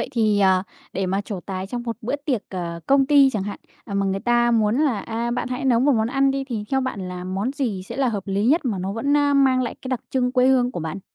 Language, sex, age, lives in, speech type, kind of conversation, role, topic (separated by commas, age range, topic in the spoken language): Vietnamese, female, 20-24, Vietnam, conversation, podcast, host, Bạn có thể kể về một món ăn thời thơ ấu khiến bạn nhớ mãi không?